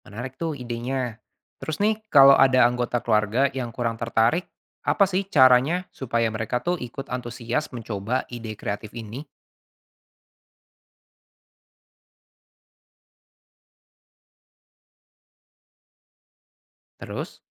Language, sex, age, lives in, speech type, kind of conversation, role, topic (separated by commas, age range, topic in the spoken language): Indonesian, male, 25-29, Indonesia, read, expert-assistant, asker, Apa saja cara kreatif menggabungkan barang lama dan baru untuk mempercantik rumah?